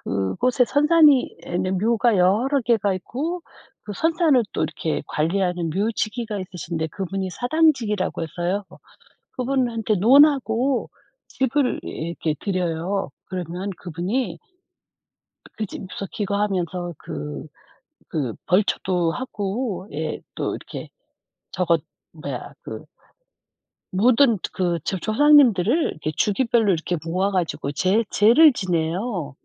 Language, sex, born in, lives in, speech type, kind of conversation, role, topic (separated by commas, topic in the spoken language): Korean, female, South Korea, South Korea, conversation, unstructured, none, 한국의 명절이 왜 특별하다고 생각하나요?